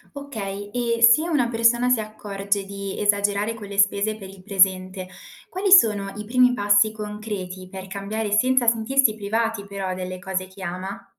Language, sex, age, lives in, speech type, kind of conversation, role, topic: Italian, female, 20-24, Italy, read, expert-assistant, asker, Cosa dovrei valutare quando decido tra investire per il futuro e godermi il presente, soprattutto in un contesto di incertezza economica?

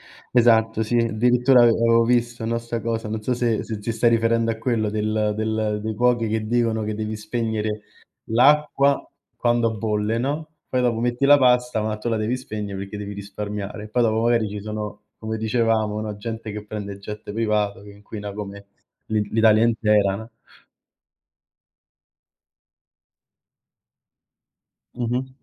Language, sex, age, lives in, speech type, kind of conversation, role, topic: Italian, male, 25-29, Italy, conversation, unstructured, none, Qual è la tua opinione sul cambiamento climatico e sulle azioni che si stanno facendo oggi?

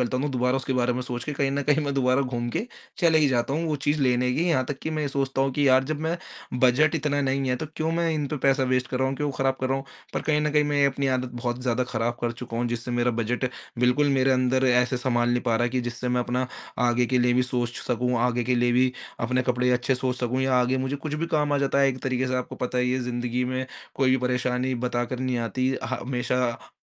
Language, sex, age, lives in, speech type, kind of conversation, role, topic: Hindi, male, 20-24, India, conversation, advice, user, मैं शॉपिंग के लिए अपना बजट और विकल्प कैसे संभालूँ?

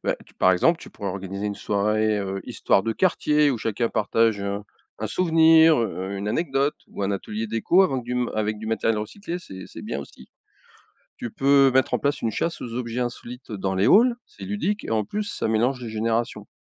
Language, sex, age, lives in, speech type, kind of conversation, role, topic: French, male, 55-59, France, read, expert-assistant, expert, Quelles idées originales pourraient transformer une rue banale en lieu de vie animé ?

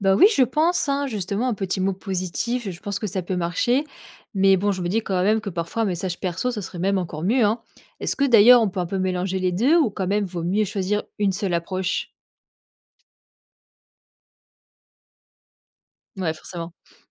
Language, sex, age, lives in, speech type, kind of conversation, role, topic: French, female, 25-29, France, read, expert-assistant, asker, Quels trucs ou habitudes rendent le fonctionnement d’une équipe plus fluide au boulot ?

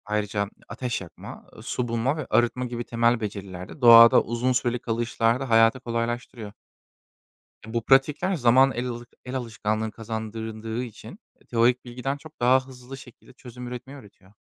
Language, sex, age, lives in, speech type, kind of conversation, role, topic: Turkish, male, 25-29, Germany, read, expert-assistant, expert, Kamp ve doğa yürüyüşüne yeni başlayanlar için en önemli hazırlıklar nelerdir?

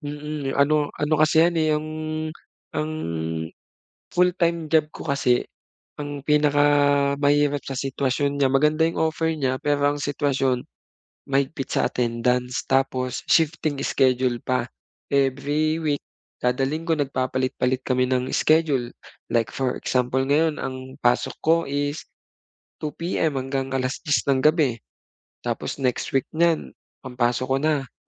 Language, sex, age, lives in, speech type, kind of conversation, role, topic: Filipino, male, 25-29, Philippines, conversation, advice, user, Paano ko maibabalik ang motibasyon kapag nawawalan ako ng sigla?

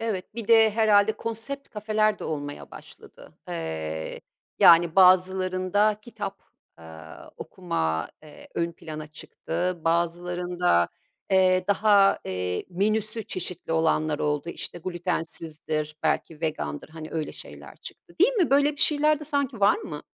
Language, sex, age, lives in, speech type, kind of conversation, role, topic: Turkish, female, 50-54, Italy, conversation, podcast, host, Mahalle kahvesi topluma nasıl bir katkı sağlıyor?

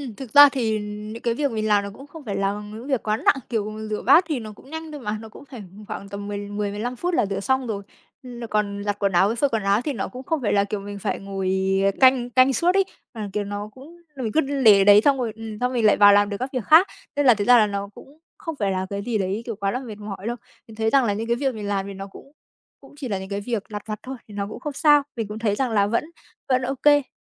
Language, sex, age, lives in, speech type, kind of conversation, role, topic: Vietnamese, female, 25-29, Vietnam, conversation, podcast, guest, Bạn chia sẻ việc nhà với người khác như thế nào?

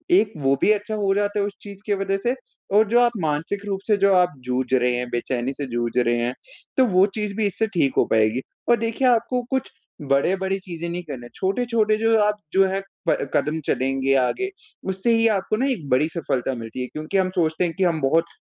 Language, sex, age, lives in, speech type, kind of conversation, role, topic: Hindi, male, 20-24, India, conversation, advice, advisor, आराम करते समय मुझे अक्सर बेचैनी क्यों होती है?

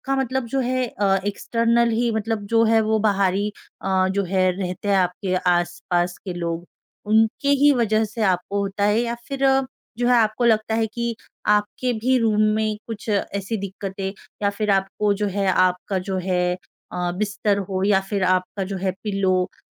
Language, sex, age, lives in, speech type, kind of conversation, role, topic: Hindi, female, 25-29, India, conversation, advice, advisor, शोर, रोशनी या साथी की हरकतें आपकी नींद कैसे खराब कर रही हैं?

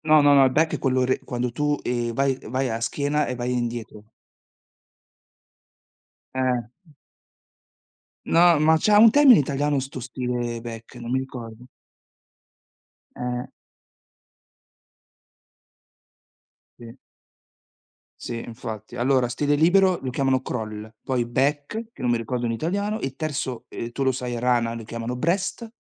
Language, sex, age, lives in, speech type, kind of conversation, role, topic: Italian, male, 40-44, Italy, conversation, unstructured, none, Che cosa ti rende felice quando sei vicino al mare?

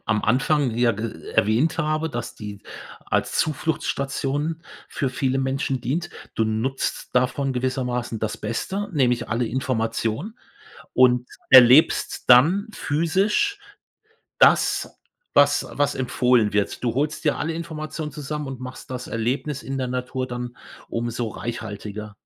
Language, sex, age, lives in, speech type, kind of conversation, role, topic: German, male, 55-59, Germany, conversation, podcast, host, Wie findest du neue Lieblingsorte in der Natur?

